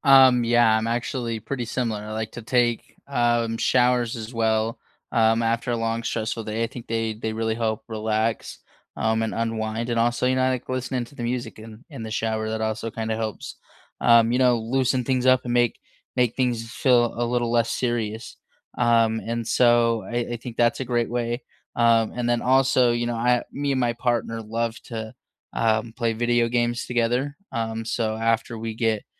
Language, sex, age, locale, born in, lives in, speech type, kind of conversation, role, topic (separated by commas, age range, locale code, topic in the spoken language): English, male, 25-29, en-US, United States, United States, conversation, unstructured, none, How do you unwind after a stressful day?